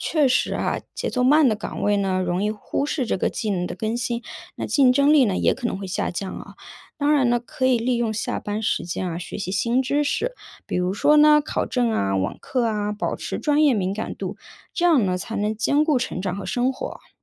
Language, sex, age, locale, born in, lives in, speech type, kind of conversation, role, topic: Chinese, female, 30-34, zh-CN, China, United States, read, expert-assistant, expert, 为什么有些人觉得公务员的工作很轻松，而有些人却说压力很大？实际情况到底如何？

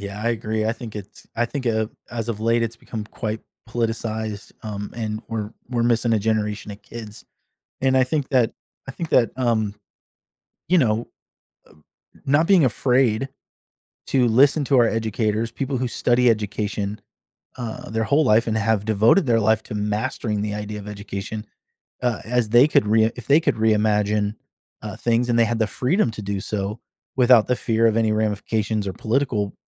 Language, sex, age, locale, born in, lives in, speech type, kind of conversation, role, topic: English, male, 40-44, en-US, United States, United States, conversation, podcast, guest, Why is experiencing failure important for learning and personal growth in school?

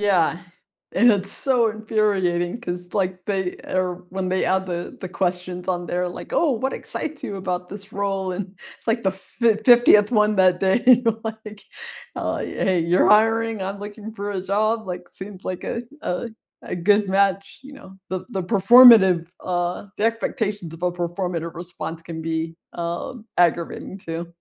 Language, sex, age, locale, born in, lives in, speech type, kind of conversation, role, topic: English, female, 45-49, en-US, United States, United States, conversation, unstructured, none, What was the last thing you learned online that surprised you?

